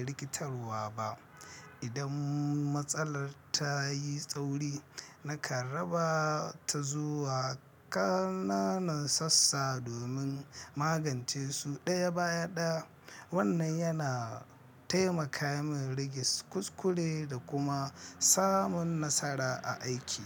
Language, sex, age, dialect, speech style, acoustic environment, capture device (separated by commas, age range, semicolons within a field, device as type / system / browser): Hausa, male, 25-34, Nigeria - Nigerian Standard, happy, traffic, mobile / Linux / Chrome